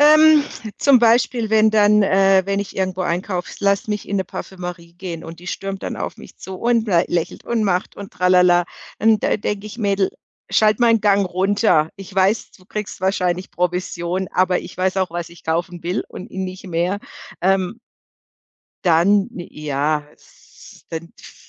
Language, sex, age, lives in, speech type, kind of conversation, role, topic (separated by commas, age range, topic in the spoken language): German, female, 55-59, Germany, conversation, podcast, guest, Wie deutest du ein Lächeln in verschiedenen Situationen?